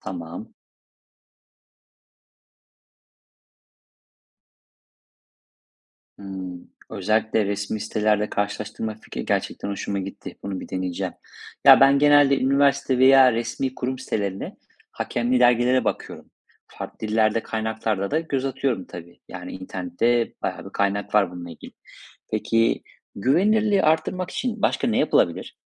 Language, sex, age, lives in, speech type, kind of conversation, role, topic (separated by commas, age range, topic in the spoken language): Turkish, male, 35-39, Spain, read, expert-assistant, asker, ‘Kendi Kendine Öğrenme’ tam olarak ne anlama geliyor ve eğitimde nasıl bir rol oynuyor?